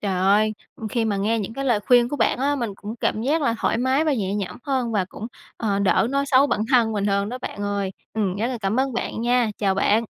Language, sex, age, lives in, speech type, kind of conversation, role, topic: Vietnamese, female, 20-24, Vietnam, conversation, advice, user, Làm thế nào để ngừng tự nói xấu và lấy lại sự tự tin?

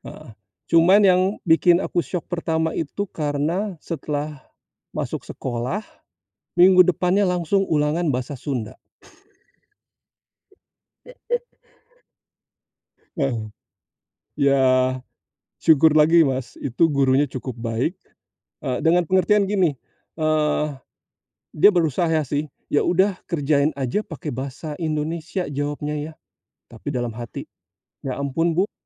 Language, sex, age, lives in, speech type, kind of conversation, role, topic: Indonesian, male, 45-49, Indonesia, conversation, podcast, guest, Pernahkah kamu tiba-tiba harus pindah ke kota lain atau ke luar negeri?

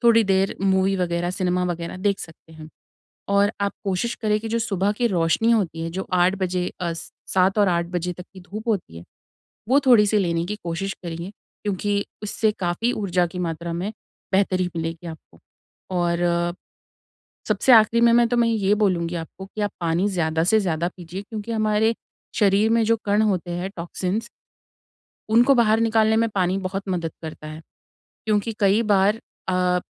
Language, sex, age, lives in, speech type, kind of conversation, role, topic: Hindi, female, 25-29, India, conversation, advice, advisor, उठते समय अधिक ऊर्जा महसूस करने के लिए मुझे क्या करना चाहिए?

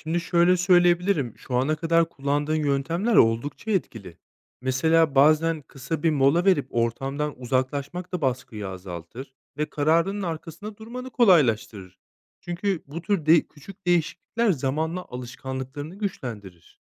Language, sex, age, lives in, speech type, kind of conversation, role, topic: Turkish, male, 30-34, Spain, read, expert-assistant, expert, Abur cubur isteğini azaltmanın en etkili yolları nelerdir?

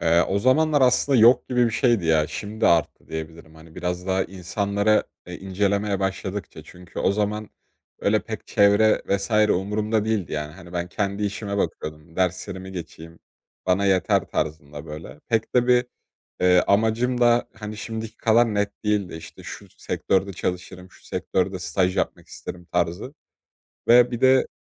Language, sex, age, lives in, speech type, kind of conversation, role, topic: Turkish, male, 20-24, Poland, conversation, advice, user, Başkalarıyla kendini kıyaslama eğilimini nasıl azaltabilirsin?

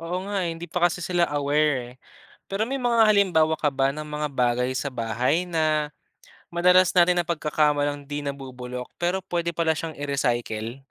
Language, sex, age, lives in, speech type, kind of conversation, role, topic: Filipino, male, 25-29, Philippines, read, expert-assistant, asker, Paano nakakatulong ang pagsegregate ng basura sa pagresolba ng waste problem?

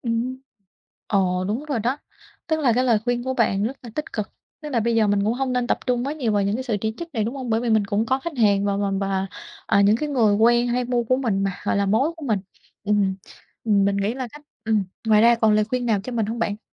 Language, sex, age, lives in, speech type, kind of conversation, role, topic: Vietnamese, female, 30-34, Vietnam, conversation, advice, user, Bạn nên phản hồi thế nào khi bị một tài khoản ẩn danh chỉ trích trên mạng và bạn không biết nên trả lời ra sao?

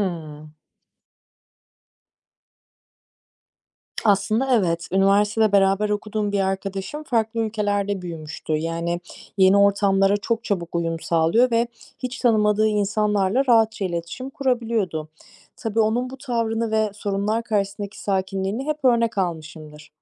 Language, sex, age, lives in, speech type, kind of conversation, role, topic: Turkish, female, 25-29, Germany, read, expert-assistant, asker, Farklı kültürleri tanımanın hoşgörüye katkısı nedir?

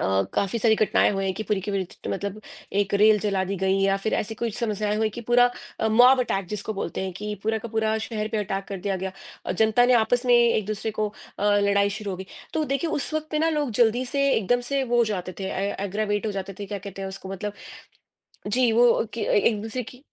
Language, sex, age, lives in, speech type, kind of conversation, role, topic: Hindi, female, 40-44, United States, conversation, unstructured, none, धार्मिक मतभेदों को कम करने के लिए हमें क्या करना चाहिए?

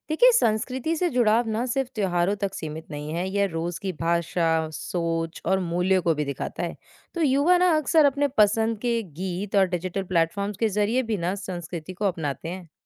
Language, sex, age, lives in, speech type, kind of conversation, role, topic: Hindi, female, 20-24, India, read, expert-assistant, expert, अक्सर कहा जाता है कि नई पीढ़ी अपनी पारंपरिक संस्कृति से दूर होती जा रही है — क्या वाकई ऐसा है, या यह सिर्फ एक धारणा है?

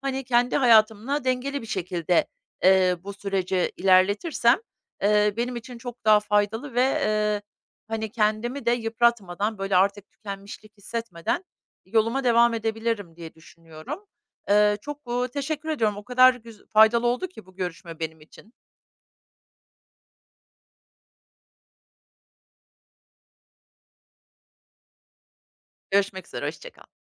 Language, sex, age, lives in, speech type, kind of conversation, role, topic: Turkish, female, 50-54, United States, conversation, advice, user, Başkalarının beklentileriyle kendi isteklerim çatıştığında ne yapmalıyım?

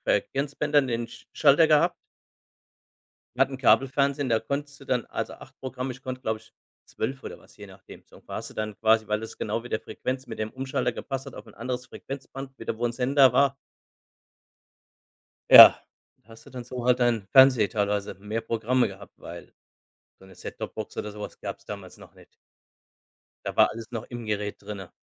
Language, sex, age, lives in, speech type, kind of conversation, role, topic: German, male, 45-49, Germany, conversation, unstructured, none, Was findest du an neuen Erfindungen am spannendsten?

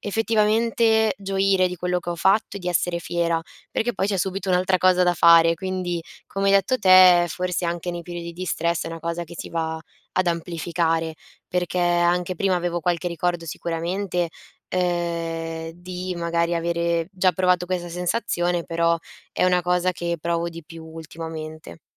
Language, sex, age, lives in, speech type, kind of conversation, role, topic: Italian, female, 20-24, Italy, conversation, advice, user, Come posso sentirmi prezioso anche quando il mio lavoro non porta grandi risultati?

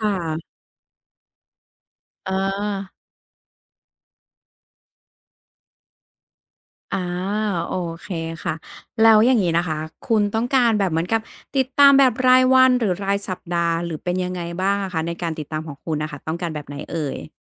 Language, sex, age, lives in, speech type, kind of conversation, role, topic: Thai, female, 40-44, Thailand, conversation, advice, advisor, ฉันจะเริ่มติดตามนิสัยของตัวเองเพื่อปรับปรุงสุขภาพได้อย่างไร?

